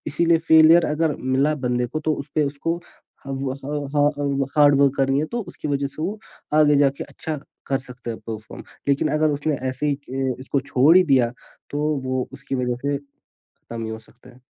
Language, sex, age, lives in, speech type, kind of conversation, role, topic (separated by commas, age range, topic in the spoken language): Hindi, male, 18-19, India, conversation, podcast, guest, Failure se tumhare liye sabse badi practical seekh kya nikli?